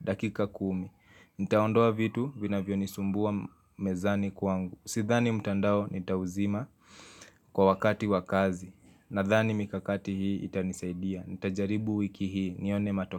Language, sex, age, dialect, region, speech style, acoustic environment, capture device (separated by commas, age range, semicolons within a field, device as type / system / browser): Swahili, male, 18-24, Kenya - Nairobi Sheng-influenced, Kenya, happy; nervous; relaxed; focused, people talking; traffic; appliances; music, mobile / Linux / Chrome